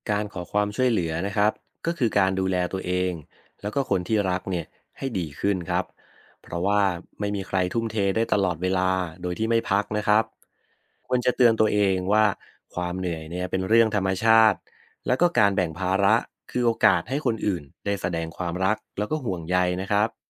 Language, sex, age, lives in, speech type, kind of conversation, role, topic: Thai, male, 30-34, Thailand, read, expert-assistant, expert, ถ้าคนไข้มีอาการเหนื่อยง่ายโดยไม่รู้สาเหตุ พยาบาลจะสังเกตหรือถามอะไรเพื่อช่วยหาปัญหา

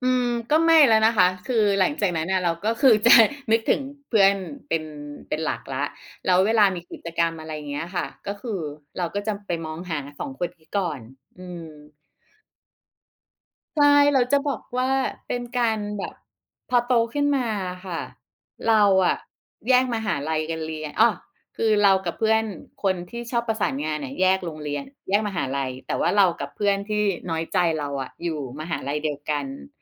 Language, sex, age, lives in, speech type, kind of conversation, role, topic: Thai, female, 45-49, Thailand, conversation, podcast, guest, เล่าเรื่องเพื่อนที่มีความหมายกับคุณให้ฟังหน่อยได้ไหม?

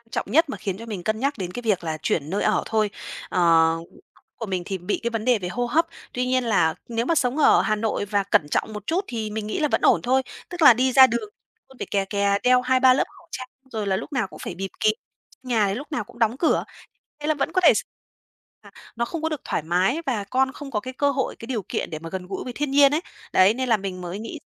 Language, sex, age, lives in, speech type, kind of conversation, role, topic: Vietnamese, female, 30-34, Vietnam, conversation, advice, user, Bạn có đang cân nhắc chuyển nhà sang một thành phố khác không?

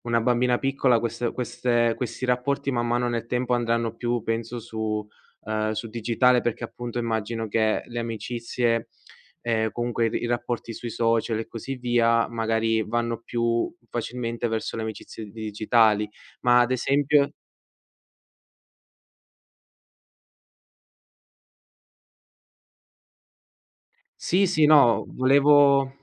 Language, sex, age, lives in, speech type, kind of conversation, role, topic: Italian, male, 20-24, Italy, conversation, podcast, host, Come bilanci il tempo tra amicizie digitali e reali?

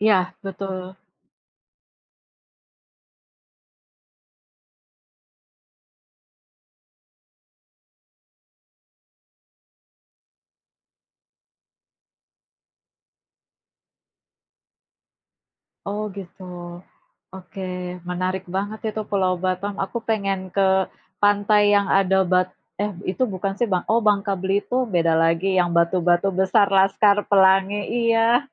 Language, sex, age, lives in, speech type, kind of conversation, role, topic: Indonesian, female, 40-44, Indonesia, conversation, unstructured, none, Tempat wisata mana yang paling ingin kamu kunjungi?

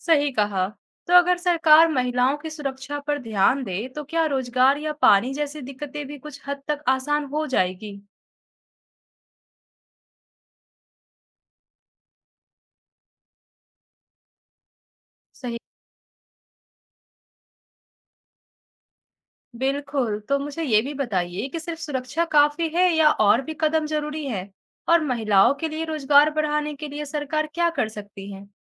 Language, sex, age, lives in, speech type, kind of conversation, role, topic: Hindi, female, 20-24, India, read, expert-assistant, asker, आज के समय में सरकारी मदद किन मुद्दों को पहले हल करने पर ज़ोर देना चाहिए—रोज़गार, साफ पानी, या महिलाओं की सुरक्षा?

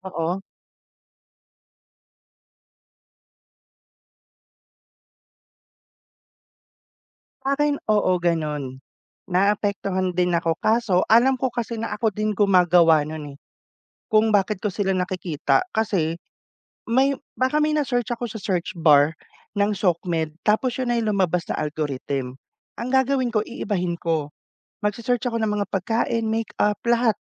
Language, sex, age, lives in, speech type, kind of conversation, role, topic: Filipino, male, 30-34, Philippines, conversation, unstructured, none, Paano mo nakikita ang epekto ng midyang panlipunan sa ating pang-araw-araw na buhay?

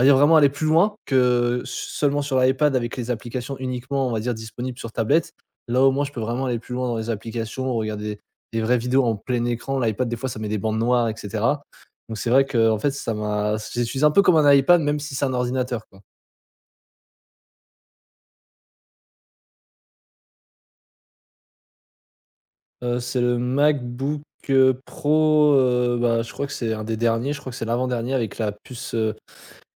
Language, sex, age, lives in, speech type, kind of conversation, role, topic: French, male, 20-24, France, conversation, advice, user, Pourquoi ai-je tendance à acheter pour combler le stress ou l’ennui ?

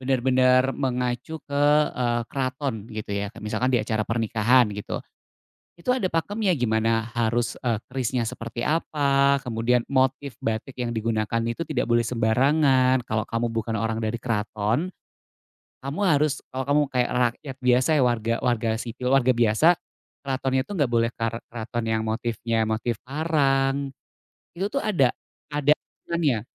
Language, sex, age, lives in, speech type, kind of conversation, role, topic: Indonesian, male, 35-39, Indonesia, conversation, podcast, guest, Apa yang diajarkan pakaian tradisional tentang identitas Anda?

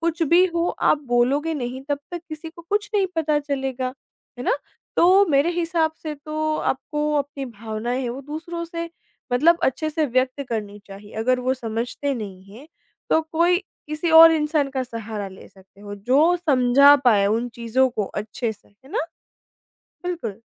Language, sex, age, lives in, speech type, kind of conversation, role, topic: Hindi, female, 25-29, India, conversation, podcast, guest, आप दूसरों की भावनाएँ कैसे समझते हैं?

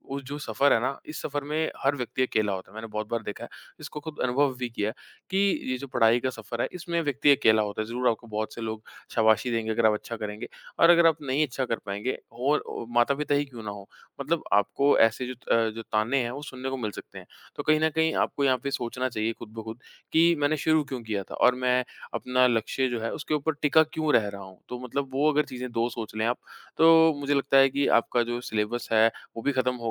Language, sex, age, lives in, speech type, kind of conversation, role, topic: Hindi, male, 25-29, India, conversation, podcast, guest, तनाव के दौरान पढ़ाई संभालने के लिए आपके सुझाव क्या हैं?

